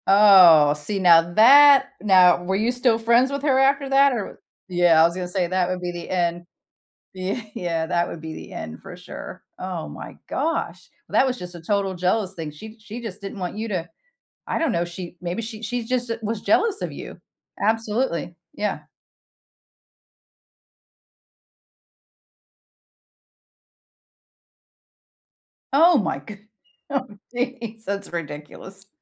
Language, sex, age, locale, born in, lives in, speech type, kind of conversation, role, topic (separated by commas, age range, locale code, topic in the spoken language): English, female, 50-54, en-US, United States, United States, conversation, unstructured, none, How do you deal with feeling betrayed by someone you love?